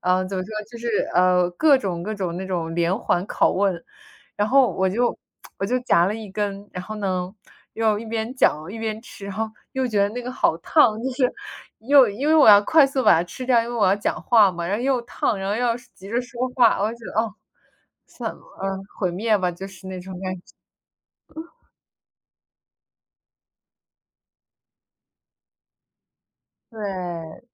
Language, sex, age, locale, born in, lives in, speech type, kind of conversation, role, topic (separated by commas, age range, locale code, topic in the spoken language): Chinese, female, 25-29, zh-CN, United States, United States, conversation, unstructured, none, 你更喜欢在家吃饭，还是去餐厅用餐？